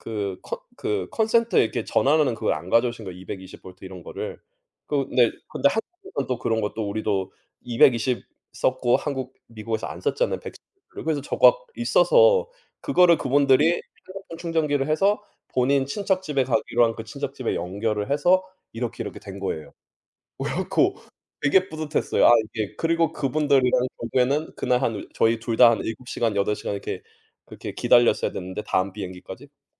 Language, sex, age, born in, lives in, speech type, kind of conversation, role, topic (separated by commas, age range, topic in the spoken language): Korean, male, 35-39, South Korea, United States, conversation, unstructured, none, 공부하면서 가장 뿌듯했던 순간은 언제인가요?